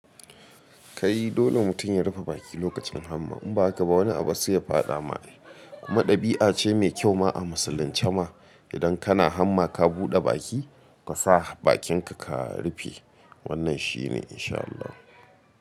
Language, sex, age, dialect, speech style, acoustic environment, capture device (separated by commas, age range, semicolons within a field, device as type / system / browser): Hausa, male, 25-34, Nigeria - Katsina, happy, silence, mobile / Linux / Chrome